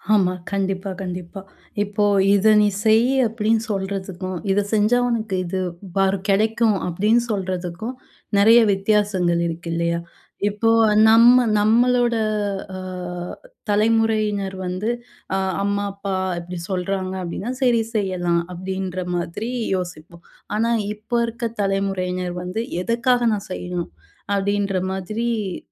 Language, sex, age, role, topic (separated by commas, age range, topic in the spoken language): Tamil, female, 30-34, host, ஏழு திருவிழாக்கள் பருவங்களுடன் எவ்வாறு தொடர்புபடுகின்றன?